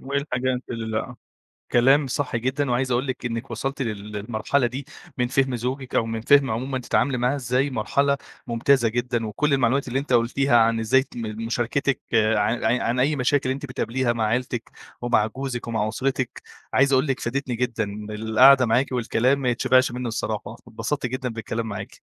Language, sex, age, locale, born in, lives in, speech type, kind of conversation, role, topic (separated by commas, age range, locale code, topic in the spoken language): Arabic, male, 25-29, ar-EG, Egypt, Egypt, conversation, podcast, host, بتشارك مشاكلك ومخاوفك مع العيلة ولا بتفضّل تخبيها؟